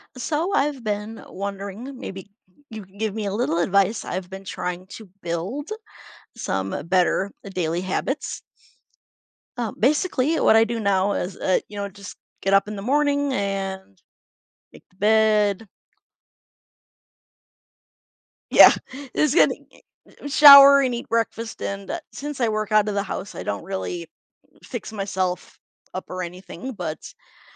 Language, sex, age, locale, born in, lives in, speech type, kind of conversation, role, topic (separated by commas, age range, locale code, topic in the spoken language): English, female, 45-49, en-US, United States, United States, conversation, advice, user, How can I build consistent daily habits for health and productivity?